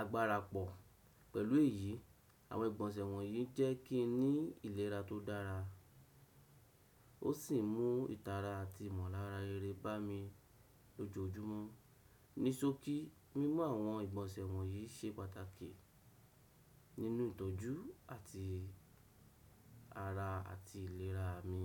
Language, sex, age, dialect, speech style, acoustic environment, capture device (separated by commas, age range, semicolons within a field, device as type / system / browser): Yoruba, male, 35-44, Nigeria - Lagos Yoruba, happy, silence, desktop / Windows / Chrome